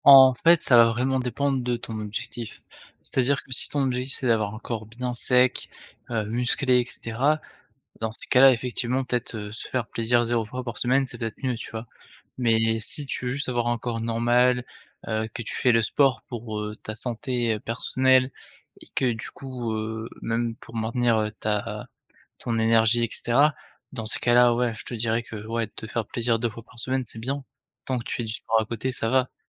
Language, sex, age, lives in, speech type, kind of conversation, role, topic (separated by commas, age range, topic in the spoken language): French, male, 20-24, France, conversation, advice, advisor, Pourquoi est-ce que je manque d'énergie pour maintenir une routine d'exercice physique ?